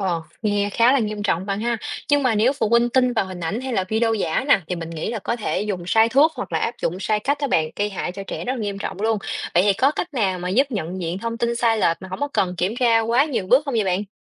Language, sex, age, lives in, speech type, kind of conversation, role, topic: Vietnamese, female, 30-34, Vietnam, read, expert-assistant, asker, Làm sao để nhận biết thông tin về cơ thể con người trên mạng là chính xác và đáng tin cậy?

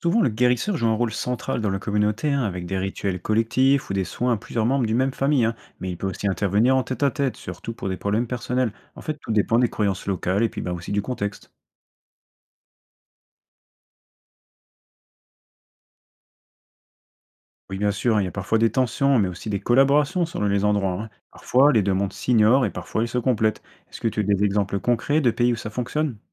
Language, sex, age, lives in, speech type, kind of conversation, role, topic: French, male, 25-29, France, read, expert-assistant, expert, Quand on parle de « guérisseur » dans différentes cultures, qu’est-ce que ça veut dire exactement ?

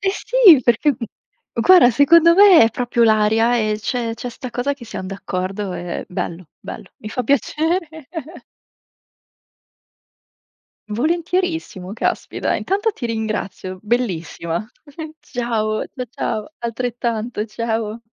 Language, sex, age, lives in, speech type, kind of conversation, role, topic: Italian, female, 25-29, Italy, conversation, unstructured, none, Pensi che le valutazioni riflettano davvero il tuo impegno?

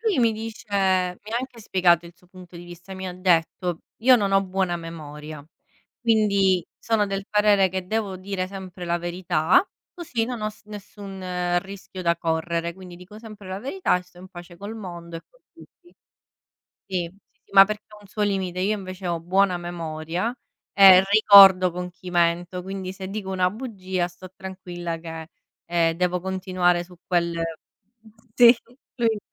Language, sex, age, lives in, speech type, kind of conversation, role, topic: Italian, female, 30-34, Italy, conversation, unstructured, none, Quando pensi che sia giusto dire una bugia?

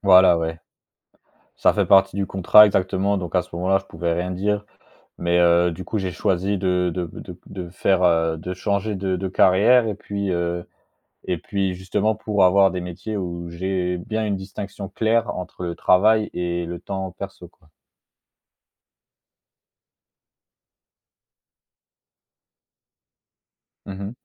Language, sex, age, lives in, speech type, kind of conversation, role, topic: French, male, 25-29, France, conversation, podcast, guest, Comment choisis-tu entre le travail et le temps libre ?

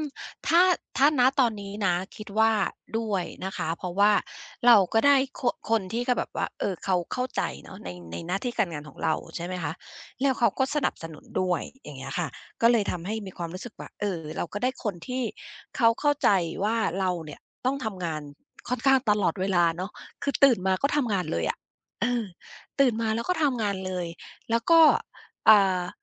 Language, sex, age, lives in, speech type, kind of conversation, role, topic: Thai, female, 50-54, United States, conversation, podcast, guest, ความสำเร็จในงานสามารถไปด้วยกันกับความสัมพันธ์ส่วนตัวได้ไหม?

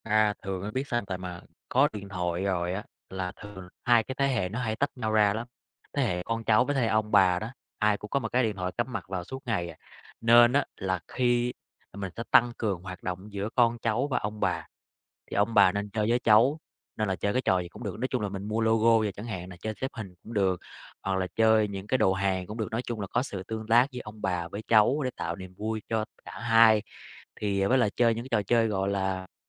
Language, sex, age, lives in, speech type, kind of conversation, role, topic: Vietnamese, male, 30-34, Vietnam, conversation, podcast, guest, Gia đình bạn quản lý thời gian sử dụng các thiết bị điện tử như thế nào?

